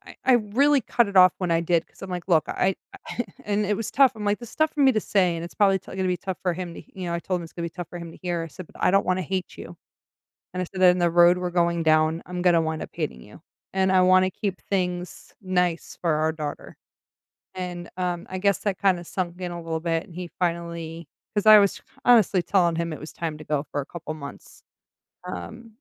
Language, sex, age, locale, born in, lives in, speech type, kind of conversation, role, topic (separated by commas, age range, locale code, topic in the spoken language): English, female, 40-44, en-US, United States, United States, conversation, advice, user, How can I cope after a recent breakup?